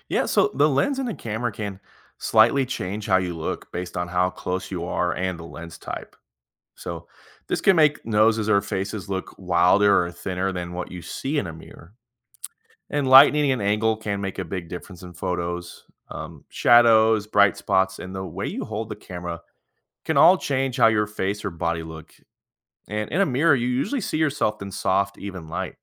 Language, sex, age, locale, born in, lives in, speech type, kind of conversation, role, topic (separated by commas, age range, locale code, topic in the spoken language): English, male, 30-34, en-US, United States, United States, read, expert-assistant, expert, Why do mirrors reverse left-right but not up-down?